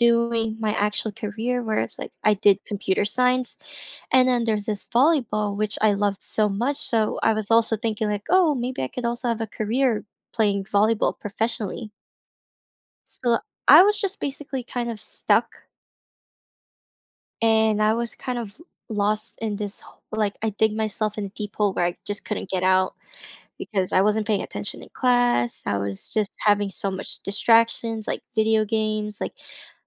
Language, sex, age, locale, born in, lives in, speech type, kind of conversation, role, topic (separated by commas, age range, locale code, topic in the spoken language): English, female, 20-24, en-US, Philippines, United States, conversation, podcast, guest, What routines or activities help you unwind at the end of the day?